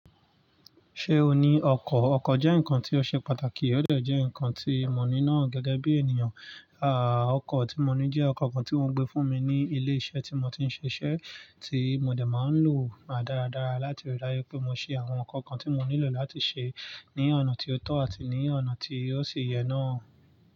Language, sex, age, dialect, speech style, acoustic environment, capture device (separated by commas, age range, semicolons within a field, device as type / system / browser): Yoruba, male, 25-34, Nigeria - Nigerian Standard, relaxed, nature, mobile / Linux / Chrome